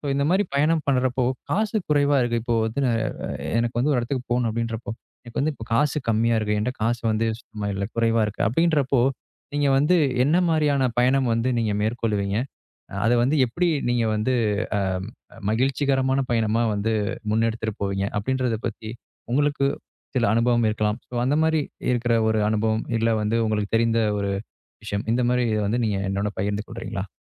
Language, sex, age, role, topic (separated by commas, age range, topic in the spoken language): Tamil, male, 25-29, host, குறைந்த செலவில் பயணம் செய்ய நீங்கள் என்னென்ன குறிப்புகள் சொல்வீர்கள்?